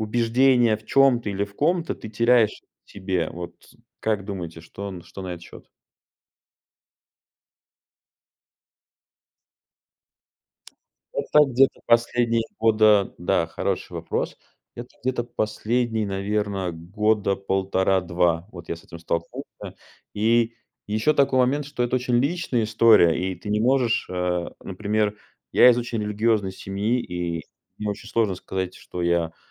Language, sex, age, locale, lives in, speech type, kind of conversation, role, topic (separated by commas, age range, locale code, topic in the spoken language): Russian, male, 35-39, ru-RU, United States, conversation, advice, user, Как пережить кризис веры или духовных убеждений?